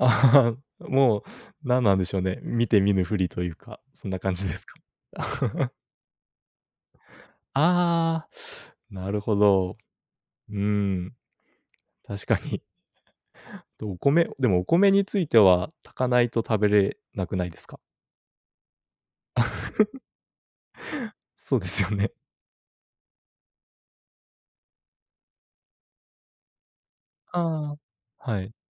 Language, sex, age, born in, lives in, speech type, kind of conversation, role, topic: Japanese, male, 30-34, Japan, Japan, conversation, podcast, host, 仕事と家の両立をどのようにしていますか？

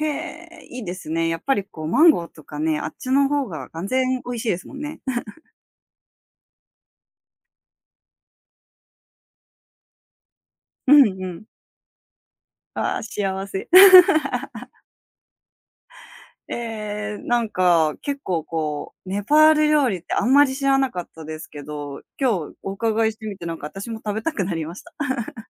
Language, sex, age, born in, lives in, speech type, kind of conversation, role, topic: Japanese, female, 30-34, Japan, Japan, conversation, podcast, host, 一人旅で食べて忘れられなくなった料理は何ですか?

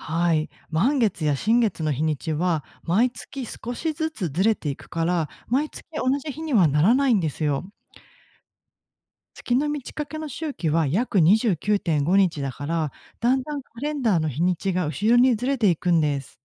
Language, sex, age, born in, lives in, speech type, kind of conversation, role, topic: Japanese, female, 40-44, Japan, Japan, read, expert-assistant, expert, どうして月は満ち欠けするのでしょうか？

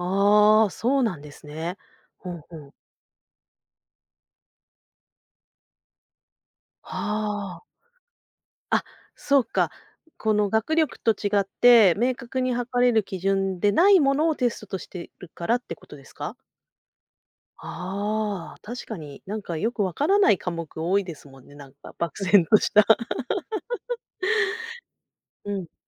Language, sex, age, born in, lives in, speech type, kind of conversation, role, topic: Japanese, female, 40-44, Japan, Japan, conversation, podcast, host, テスト中心の教育をどう感じますか？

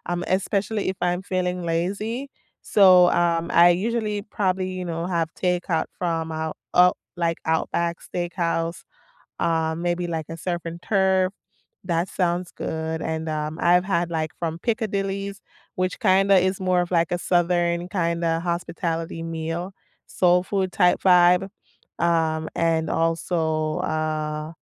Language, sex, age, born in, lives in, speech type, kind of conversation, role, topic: English, female, 30-34, Jamaica, United States, conversation, unstructured, none, What would your perfect lazy Sunday look like, including the food, music, and simple pleasures that make it feel just right?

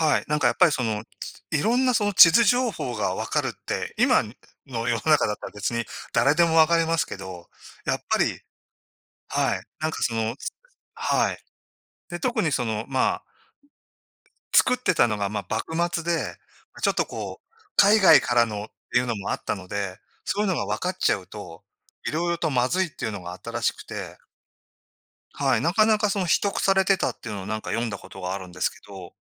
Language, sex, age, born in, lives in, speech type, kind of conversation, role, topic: Japanese, male, 60-64, Japan, Japan, conversation, unstructured, none, 歴史上の人物で、会ってみたい人は誰ですか？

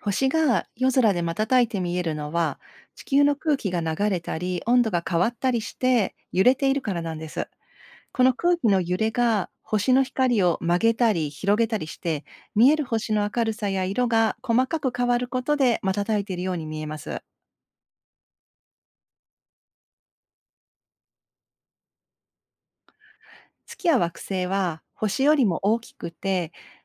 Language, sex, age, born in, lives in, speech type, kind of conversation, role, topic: Japanese, female, 50-54, Japan, Japan, read, expert-assistant, expert, なぜ星は夜空で瞬いて見えるのか説明してください。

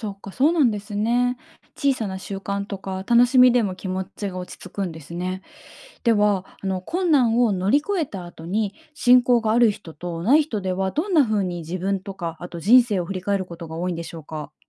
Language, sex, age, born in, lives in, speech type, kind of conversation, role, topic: Japanese, female, 30-34, Japan, Japan, read, expert-assistant, asker, 信仰を持つことと持たないこと、それぞれの良さや難しさについて、どう感じますか？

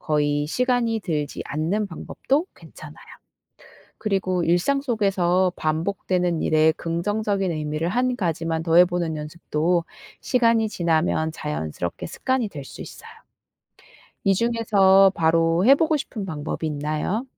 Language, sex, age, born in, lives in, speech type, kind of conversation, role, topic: Korean, female, 35-39, South Korea, South Korea, read, expert-assistant, expert, 왜 어떤 사람들은 어려운 상황에서도 긍정적으로 생각할까요?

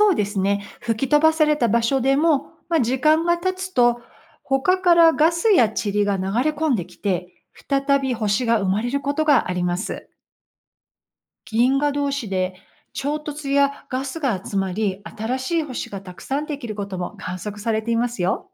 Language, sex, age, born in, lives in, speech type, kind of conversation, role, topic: Japanese, female, 55-59, United States, United States, read, expert-assistant, expert, 星は本当に“生まれて” “死ぬ”と聞きましたが、それはどうやって確かめられているのですか？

